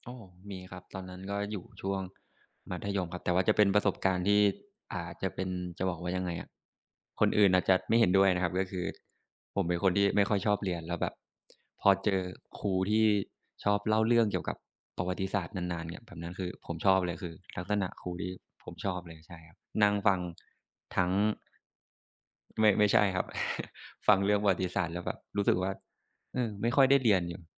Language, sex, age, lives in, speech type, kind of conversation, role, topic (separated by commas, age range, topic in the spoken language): Thai, male, 25-29, Thailand, conversation, unstructured, none, ครูที่ดีควรมีลักษณะอย่างไร?